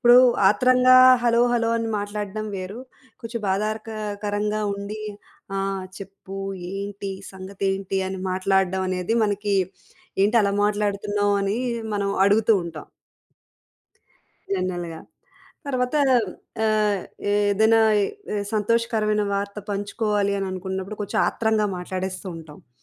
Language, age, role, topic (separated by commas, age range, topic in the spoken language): Telugu, 35-39, guest, ఫోన్‌ కాల్‌లలో మంచి స్వరంతో మాట్లాడడానికి మీరు ఏమి సూచిస్తారు?